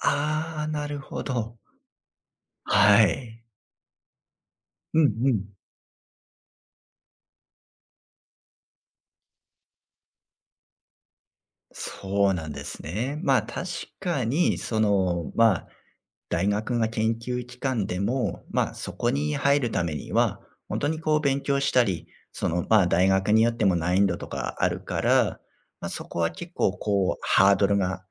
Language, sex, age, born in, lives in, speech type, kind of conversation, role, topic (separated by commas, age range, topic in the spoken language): Japanese, male, 35-39, Japan, Japan, conversation, podcast, host, 実験を始めるとき、まず最初に何をすればよいですか？